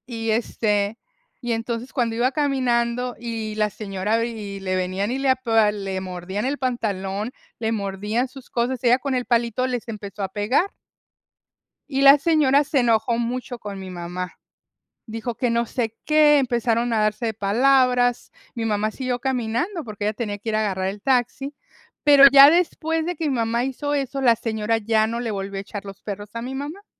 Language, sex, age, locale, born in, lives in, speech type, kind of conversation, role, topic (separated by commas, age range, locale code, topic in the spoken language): Spanish, female, 55-59, es-MX, United States, United States, conversation, unstructured, none, ¿Te preocuparía que un animal de tu vecindario fuera agresivo?